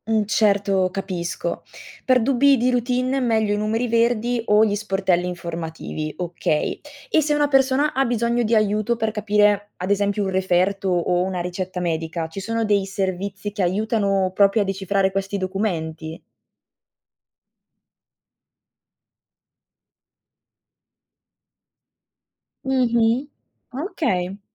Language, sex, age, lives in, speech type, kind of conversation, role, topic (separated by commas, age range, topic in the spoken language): Italian, female, 20-24, Italy, read, expert-assistant, asker, Qual è il modo migliore per aiutare i pazienti a ricordare le indicazioni del medico?